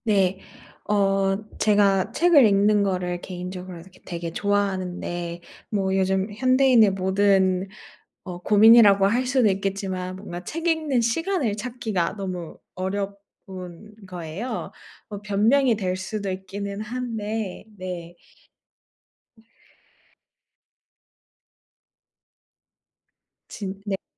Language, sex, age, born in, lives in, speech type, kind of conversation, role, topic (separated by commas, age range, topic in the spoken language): Korean, female, 30-34, South Korea, Japan, conversation, advice, user, 독서 시간을 꾸준히 정하려고 했는데 자꾸 실패하는 이유가 무엇인가요?